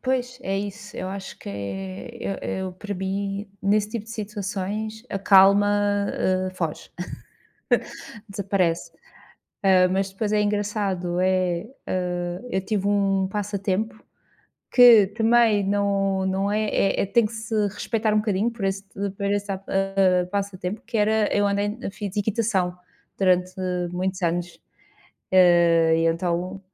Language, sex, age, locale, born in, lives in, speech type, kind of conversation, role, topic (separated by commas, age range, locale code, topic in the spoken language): Portuguese, female, 35-39, pt-PT, Portugal, Portugal, conversation, unstructured, none, O que motiva você a continuar praticando um passatempo?